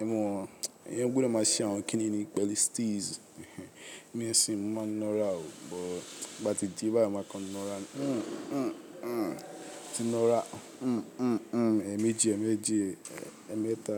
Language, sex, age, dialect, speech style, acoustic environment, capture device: Yoruba, male, 18-24, Nigeria - Lagos, focused, people talking; construction; appliances, mobile / Linux / Chrome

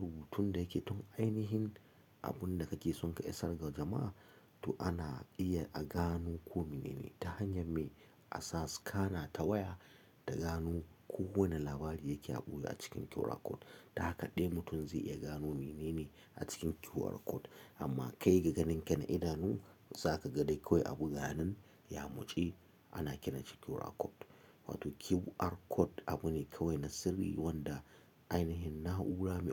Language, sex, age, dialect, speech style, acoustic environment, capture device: Hausa, male, 35-44, Nigeria - Katsina, happy; excited; focused; relaxed, silence, mobile / Linux / Chrome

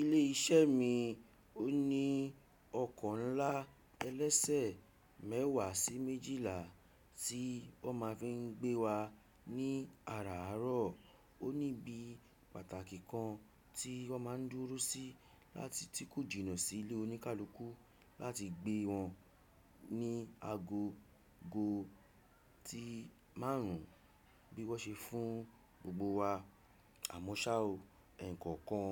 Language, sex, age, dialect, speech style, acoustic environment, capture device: Yoruba, male, 35-44, Nigeria - Nigerian Standard, relaxed, silence, desktop / Windows / Chrome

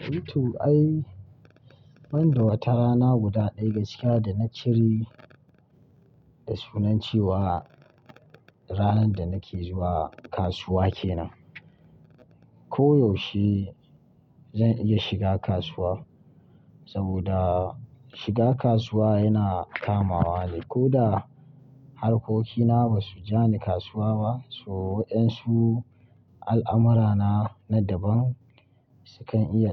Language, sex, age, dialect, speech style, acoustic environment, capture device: Hausa, male, 25-34, Nigeria - Kano, relaxed, silence, mobile / Linux / Chrome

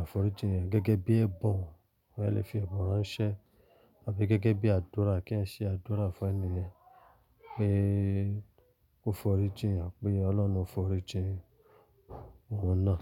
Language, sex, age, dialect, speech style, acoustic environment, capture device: Yoruba, male, 25-34, Nigeria - Lagos Yoruba, happy; relaxed, silence, mobile / Linux / Chrome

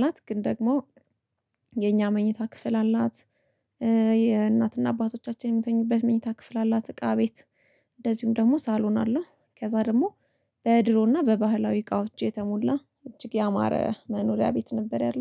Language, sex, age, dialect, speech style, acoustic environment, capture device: Amharic, female, 25-34, Ethiopia - Addis Ababa, relaxed; happy; focused; excited, silence, mobile / Linux / Chrome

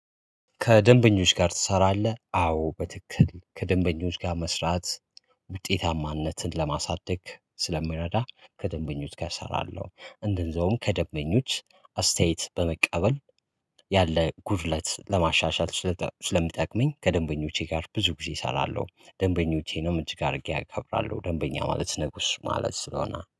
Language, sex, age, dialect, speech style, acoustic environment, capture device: Amharic, male, 25-34, Ethiopia - Addis Ababa, relaxed, nature, mobile / Linux / Chrome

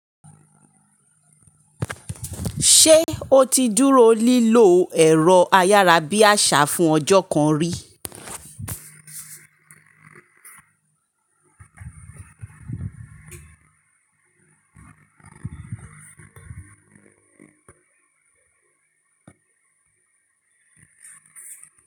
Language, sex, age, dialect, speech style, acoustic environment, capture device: Yoruba, male, 18-24, Nigeria - Lagos Yoruba, tired; happy, silence, mobile / Linux / Chrome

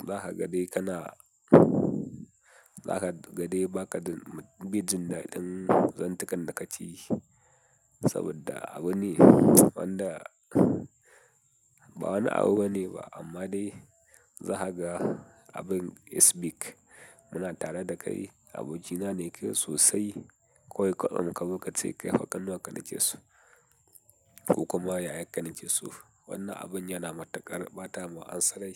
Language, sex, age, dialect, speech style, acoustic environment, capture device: Hausa, male, 18-24, Nigeria - Katsina, happy, people talking, mobile / Linux / Chrome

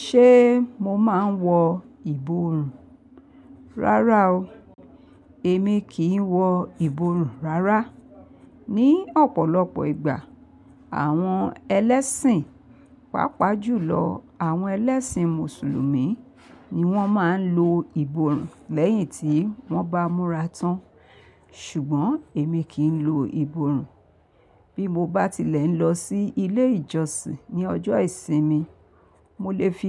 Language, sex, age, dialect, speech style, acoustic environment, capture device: Yoruba, female, 45-54, Nigeria - Lagos Yoruba, happy, people talking; traffic; appliances, mobile / Linux / Chrome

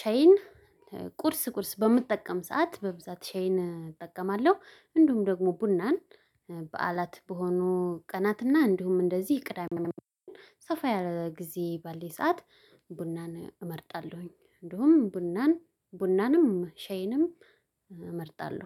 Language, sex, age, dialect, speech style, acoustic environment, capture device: Amharic, female, 25-34, Ethiopia - Addis Ababa, happy; excited, silence, mobile / Linux / Chrome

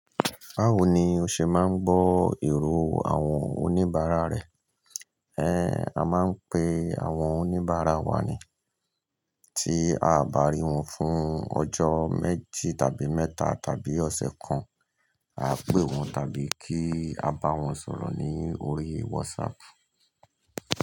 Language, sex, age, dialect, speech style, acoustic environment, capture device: Yoruba, male, 45-54, Nigeria - Lagos Yoruba, happy, people talking; nature; appliances, mobile / Linux / Chrome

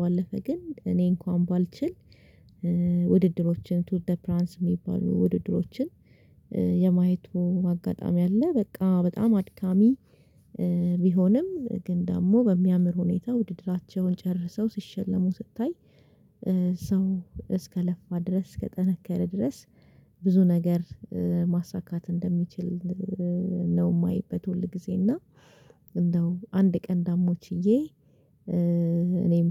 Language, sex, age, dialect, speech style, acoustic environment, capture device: Amharic, female, 25-34, Ethiopia - Addis Ababa, relaxed; focused, silence, mobile / Linux / Chrome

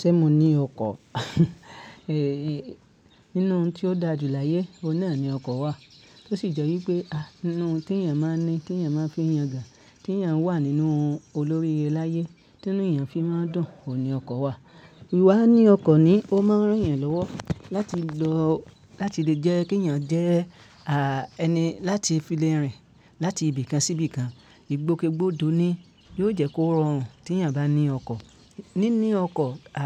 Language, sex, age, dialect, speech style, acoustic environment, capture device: Yoruba, male, 25-34, Nigeria - Ibadan, relaxed; happy, nature; silence, mobile / Linux / Chrome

